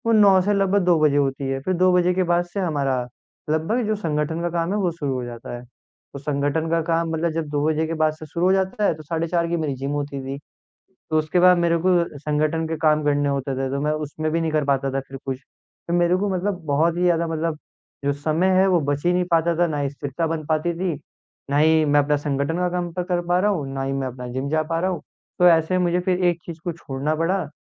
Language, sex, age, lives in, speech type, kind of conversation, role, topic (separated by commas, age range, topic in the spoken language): Hindi, male, 18-19, India, conversation, advice, user, मैं रोज़मर्रा की आदतों में स्थिरता कैसे बनाए रख सकता/सकती हूँ?